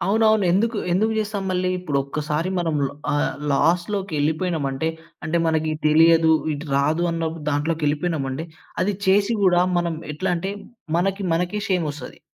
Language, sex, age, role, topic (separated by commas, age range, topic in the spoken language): Telugu, male, 20-24, guest, మీరు తప్పుల నుంచి ఎలా నేర్చుకుంటారు?